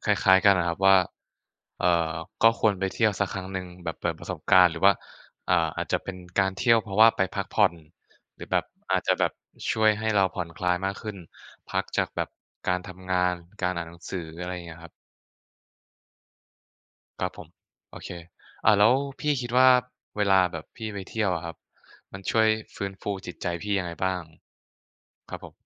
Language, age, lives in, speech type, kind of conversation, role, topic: Thai, 20-24, Thailand, conversation, unstructured, none, ถ้าคุณต้องอธิบายเหตุผลว่าทำไมทุกคนควรท่องเที่ยว คุณจะพูดว่าอะไร?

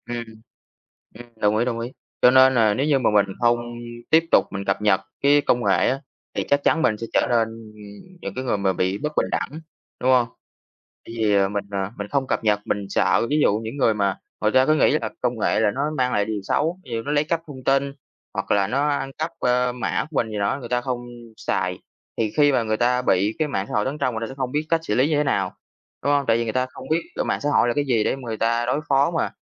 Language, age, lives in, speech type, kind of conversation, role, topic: Vietnamese, 20-24, Vietnam, conversation, unstructured, none, Công nghệ có khiến xã hội trở nên bất bình đẳng hơn không?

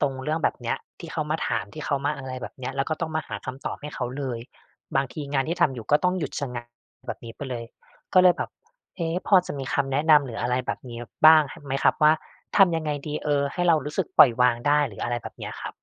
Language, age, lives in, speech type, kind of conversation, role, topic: Thai, 35-39, Thailand, conversation, advice, user, ความสมบูรณ์แบบทำให้คุณทำงานช้าลงหรือหยุดไปเลยอย่างไร?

